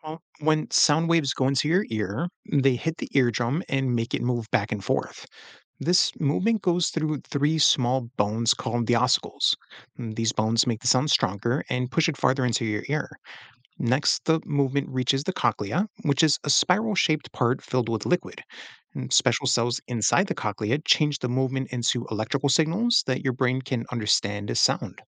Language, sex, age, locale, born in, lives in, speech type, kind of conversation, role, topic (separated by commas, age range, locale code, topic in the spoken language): English, male, 35-39, en-US, United States, United States, read, expert-assistant, expert, How do sound waves travel and reach our ears?